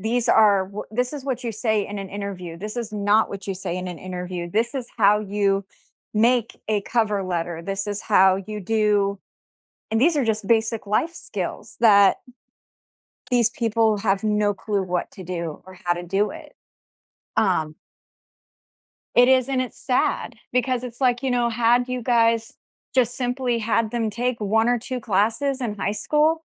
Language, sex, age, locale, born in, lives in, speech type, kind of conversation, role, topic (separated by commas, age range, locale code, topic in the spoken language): English, female, 30-34, en-US, United States, United States, conversation, unstructured, none, What class do you wish schools offered?